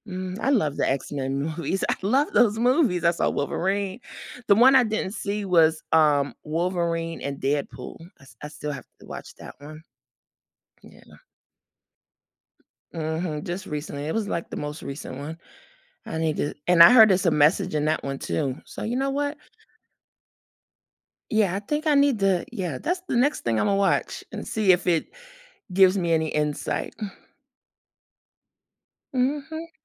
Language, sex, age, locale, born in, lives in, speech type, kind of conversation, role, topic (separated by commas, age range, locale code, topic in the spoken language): English, female, 45-49, en-US, United States, United States, conversation, podcast, guest, How do movies shape the way we see ourselves and the world around us?